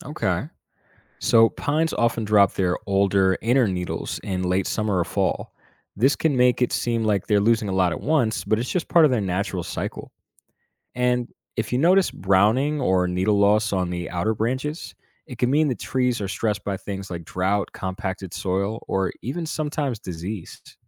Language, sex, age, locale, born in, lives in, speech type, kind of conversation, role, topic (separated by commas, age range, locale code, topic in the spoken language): English, male, 25-29, en-US, United States, United States, read, expert-assistant, expert, What causes leaves to change color and fall, and how do different trees respond to autumn?